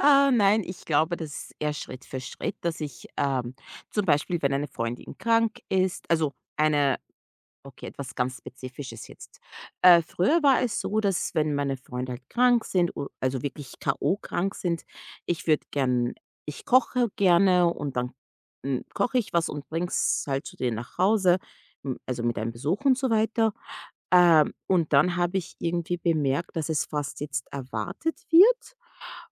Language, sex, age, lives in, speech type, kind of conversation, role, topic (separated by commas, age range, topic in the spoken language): German, female, 30-34, United States, conversation, advice, user, Wie findest du in Freundschaften die richtige Balance zwischen Nähe und persönlicher Freiheit?